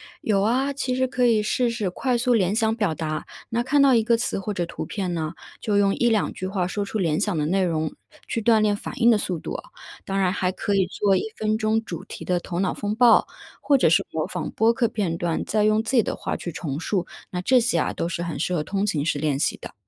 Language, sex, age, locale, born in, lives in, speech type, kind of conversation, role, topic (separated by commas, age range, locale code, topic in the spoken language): Chinese, female, 30-34, zh-CN, China, United States, read, expert-assistant, expert, 在上下班路上，有没有什么有趣的语言小练习可以做？